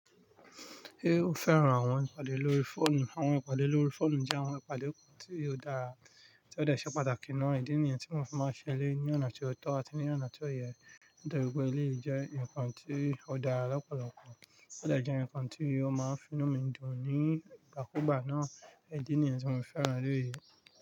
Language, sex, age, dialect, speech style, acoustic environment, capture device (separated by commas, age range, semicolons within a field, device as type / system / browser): Yoruba, male, 25-34, Nigeria - Nigerian Standard, relaxed, nature, mobile / Linux / Chrome